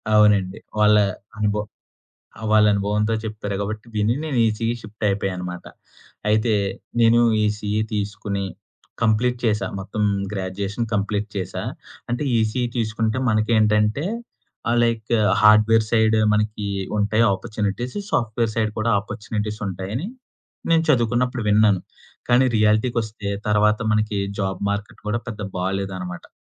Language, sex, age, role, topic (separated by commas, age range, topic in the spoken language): Telugu, male, 25-29, guest, మీ కెరీర్‌లో మీ ప్రధాన ఆశయం ఏమిటి?